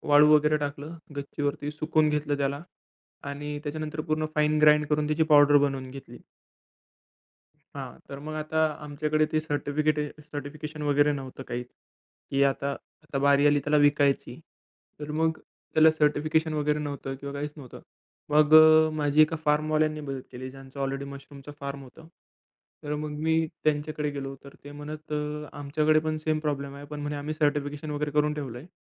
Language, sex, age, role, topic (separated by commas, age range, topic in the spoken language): Marathi, male, 20-24, guest, एक छोटी कल्पना मोठी कशी कराल?